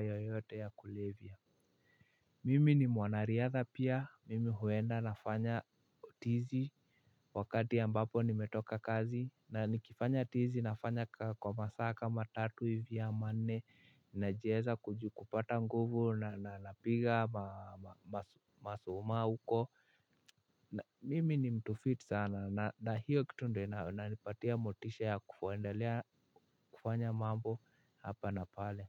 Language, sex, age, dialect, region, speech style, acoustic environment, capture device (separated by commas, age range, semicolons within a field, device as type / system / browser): Swahili, male, 18-24, Kenya - Nairobi Sheng-influenced, Kenya, happy, silence, mobile / Linux / Chrome